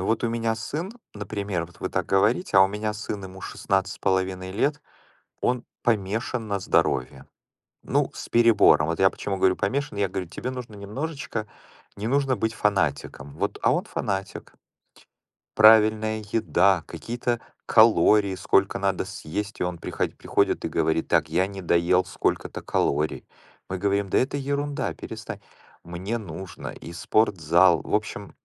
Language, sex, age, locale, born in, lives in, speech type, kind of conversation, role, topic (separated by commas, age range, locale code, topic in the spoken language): Russian, male, 45-49, ru-RU, Ukraine, United States, conversation, unstructured, none, Почему важно следить за своим здоровьем?